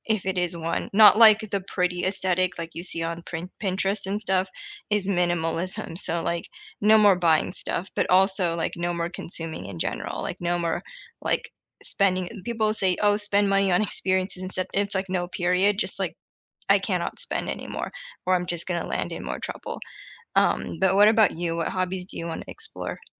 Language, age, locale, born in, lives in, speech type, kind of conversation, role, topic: English, 30-34, en-US, United States, United States, conversation, unstructured, none, What hobby would you love to pick up this year, and how could we explore it together?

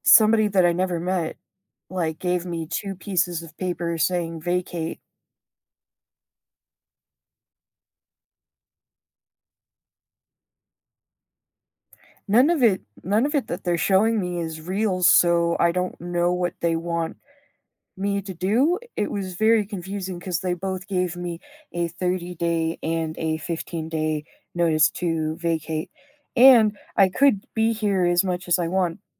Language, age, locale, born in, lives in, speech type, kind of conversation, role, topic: English, 25-29, en-US, United States, United States, conversation, advice, user, How do I make a confident choice when I'm uncertain about a major life decision?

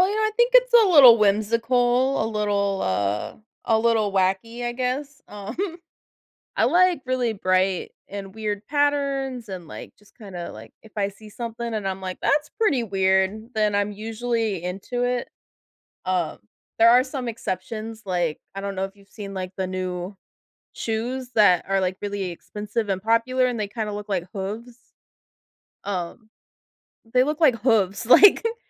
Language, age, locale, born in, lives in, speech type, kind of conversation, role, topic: English, 30-34, en-US, United States, United States, conversation, podcast, guest, How does your sense of style reflect who you are and what matters to you?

